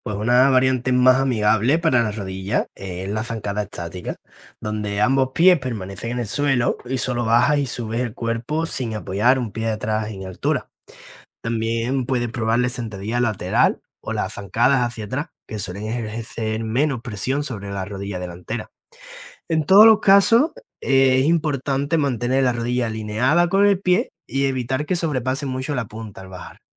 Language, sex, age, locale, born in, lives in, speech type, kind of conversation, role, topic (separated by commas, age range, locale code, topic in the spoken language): Spanish, male, 20-24, es-ES, Spain, Spain, read, expert-assistant, expert, ¿Cómo saber si una rutina de fuerza en internet es confiable?